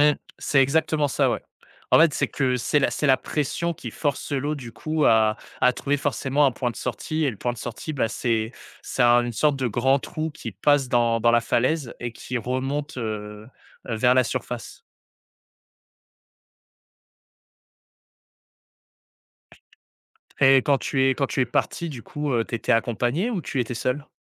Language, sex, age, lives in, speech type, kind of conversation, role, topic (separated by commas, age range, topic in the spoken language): French, male, 20-24, France, conversation, podcast, host, Peux-tu raconter une balade en nature qui t’a vraiment recentré ?